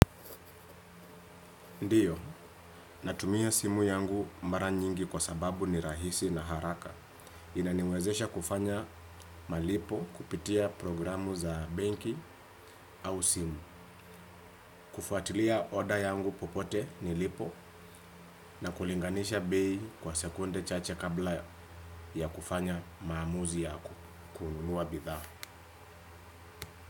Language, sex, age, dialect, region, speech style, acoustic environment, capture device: Swahili, male, 25-34, Kenya - Nairobi Sheng-influenced, Kenya, relaxed; focused, silence, desktop / Windows / Chrome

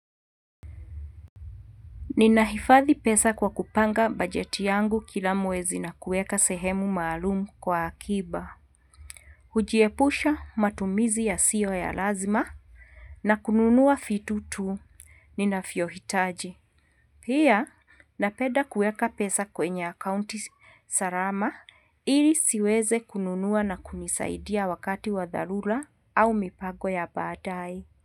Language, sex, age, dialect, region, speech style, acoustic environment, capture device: Swahili, female, 45-54, Kenya - Nairobi Sheng-influenced, Kenya, happy, nature, mobile / Linux / Chrome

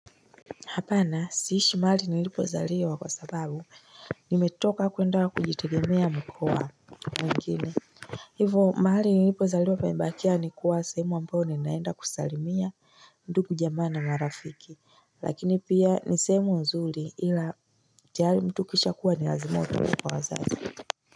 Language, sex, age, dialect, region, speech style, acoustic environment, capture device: Swahili, female, 25-34, Tanzania - Dar es Salaam, Tanzania, relaxed; focused, nature, mobile / Linux / Chrome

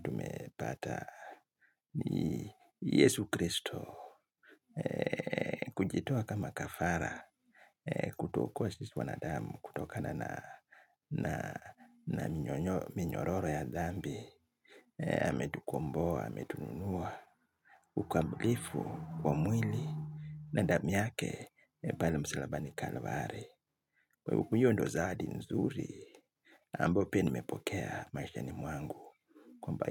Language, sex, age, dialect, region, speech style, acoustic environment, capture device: Swahili, male, 35-44, Kenya - Mombasa, Kenya, happy, silence, mobile / Linux / Chrome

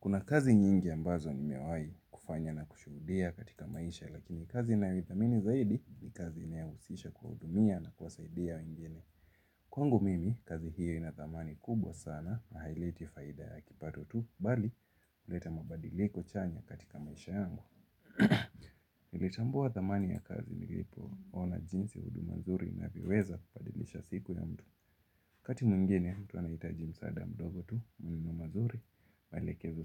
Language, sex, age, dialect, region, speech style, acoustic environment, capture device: Swahili, male, 18-24, Kenya - Mombasa, Kenya, happy; excited, music, mobile / Linux / Chrome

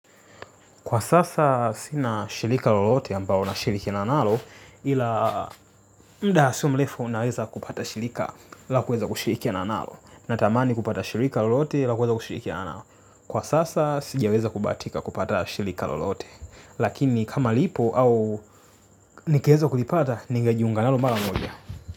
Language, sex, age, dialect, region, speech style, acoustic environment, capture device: Swahili, male, 25-34, Tanzania - Dar es Salaam, Tanzania, tired, silence, mobile / Linux / Chrome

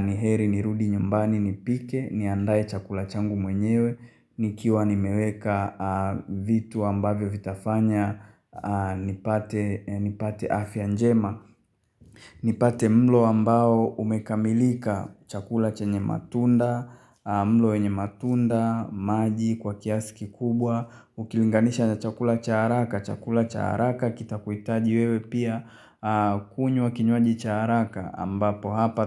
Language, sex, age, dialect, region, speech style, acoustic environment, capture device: Swahili, male, 25-34, Tanzania - Dar es Salaam, Tanzania, happy; relaxed; excited; focused, silence, mobile / Linux / Chrome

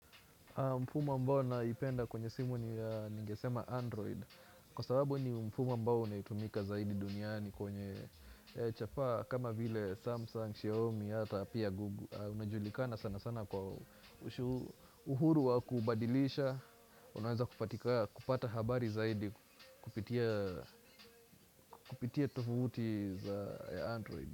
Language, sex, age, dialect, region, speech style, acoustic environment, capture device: Swahili, male, 18-24, Kenya - Nairobi Sheng-influenced, Kenya, happy, music, desktop / Windows / Chrome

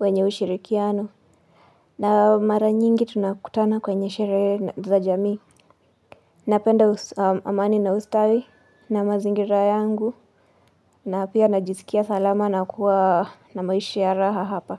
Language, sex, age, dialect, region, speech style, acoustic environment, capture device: Swahili, female, 25-34, Kenya - Nairobi Sheng-influenced, Kenya, relaxed, silence, mobile / Linux / Chrome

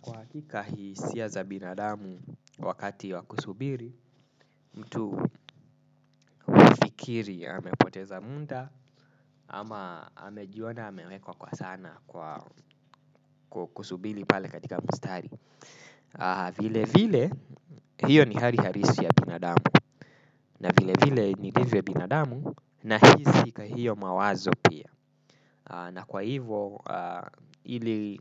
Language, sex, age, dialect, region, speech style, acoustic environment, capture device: Swahili, male, 25-34, Kenya - Nairobi Sheng-influenced, Kenya, relaxed, nature, desktop / Windows / Chrome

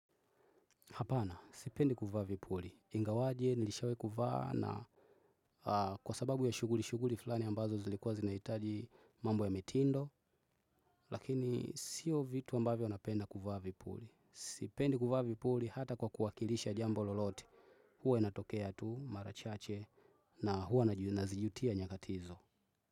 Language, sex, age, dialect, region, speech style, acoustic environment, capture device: Swahili, male, 25-34, Tanzania - Dar es Salaam, Tanzania, focused, nature, desktop / Windows / Chrome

